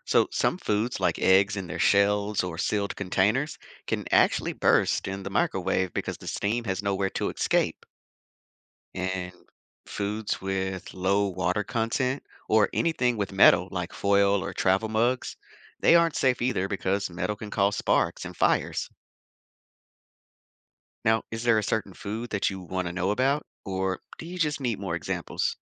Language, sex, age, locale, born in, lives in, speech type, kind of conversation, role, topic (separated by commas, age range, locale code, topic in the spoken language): English, male, 35-39, en-US, United States, United States, read, expert-assistant, expert, How does a microwave heat food quickly?